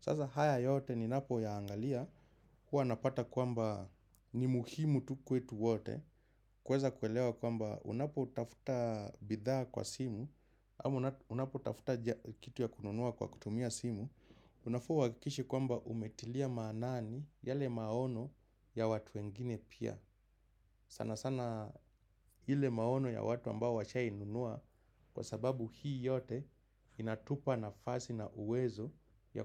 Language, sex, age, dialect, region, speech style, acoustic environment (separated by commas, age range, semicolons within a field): Swahili, male, 25-34, Kenya - Nairobi Sheng-influenced, Kenya, happy, silence